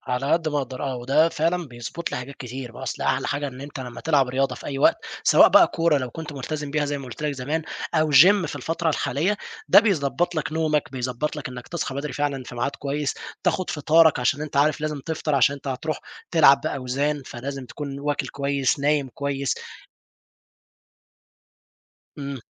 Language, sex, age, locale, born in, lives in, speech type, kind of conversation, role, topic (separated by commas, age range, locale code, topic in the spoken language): Arabic, male, 20-24, ar-EG, Egypt, Egypt, conversation, podcast, guest, إزاي بتنظّم وقتك عشان تبقى منتج في هواياتك؟